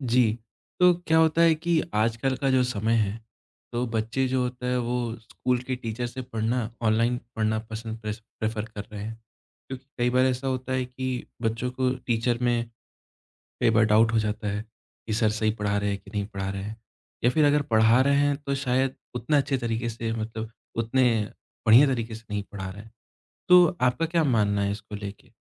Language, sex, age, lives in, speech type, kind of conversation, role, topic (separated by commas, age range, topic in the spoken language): Hindi, male, 25-29, India, conversation, podcast, host, Online education se bachchon ki padhai mein kya badlav aayega?